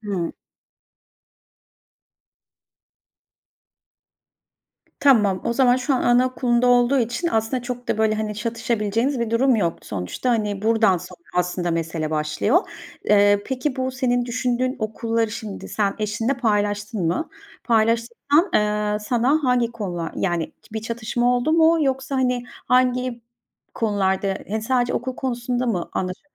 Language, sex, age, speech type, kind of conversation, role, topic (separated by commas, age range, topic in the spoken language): Turkish, female, 40-44, conversation, advice, advisor, Çocuk yetiştirme konusunda ebeveynler arasındaki fikir ayrılığını nasıl yönetebilirsiniz?